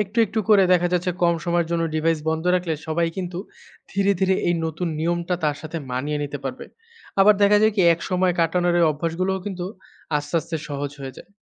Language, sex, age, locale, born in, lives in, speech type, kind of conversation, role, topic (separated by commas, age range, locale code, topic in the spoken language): Bengali, male, 25-29, bn-BD, Bangladesh, Bangladesh, read, expert-assistant, expert, পরিবারে সময় কাটানোর উপকারিতা